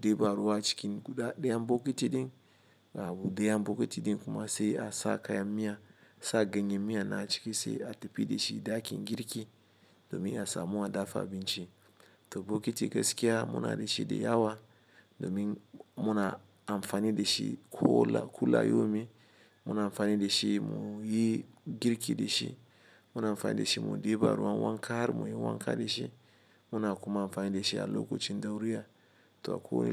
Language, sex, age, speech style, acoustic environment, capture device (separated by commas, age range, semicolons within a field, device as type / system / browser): Hausa, male, 35-44, happy, traffic, mobile / Linux / Chrome